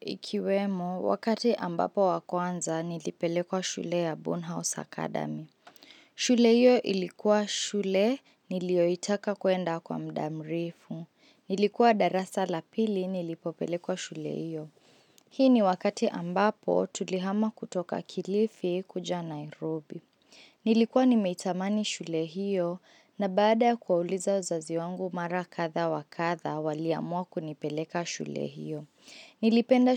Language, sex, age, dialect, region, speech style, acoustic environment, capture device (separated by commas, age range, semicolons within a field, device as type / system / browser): Swahili, female, 18-24, Kenya - Mombasa, Kenya, focused, silence, mobile / Linux / Chrome